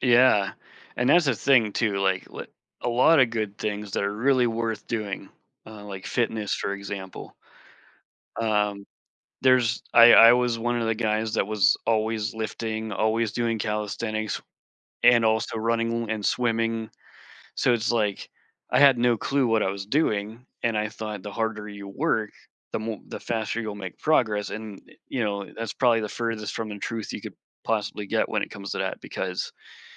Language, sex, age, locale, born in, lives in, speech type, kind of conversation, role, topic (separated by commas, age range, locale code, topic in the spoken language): English, male, 40-44, en-US, United States, United States, conversation, podcast, guest, What helps you keep going when progress is slower than you hoped?